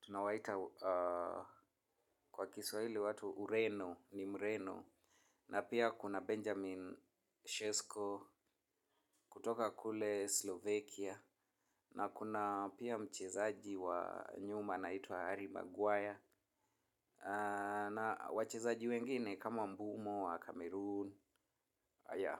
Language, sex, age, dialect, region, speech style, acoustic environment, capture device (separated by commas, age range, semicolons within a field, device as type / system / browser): Swahili, male, 25-34, Kenya - Nairobi Sheng-influenced, Kenya, happy, nature, mobile / Linux / Chrome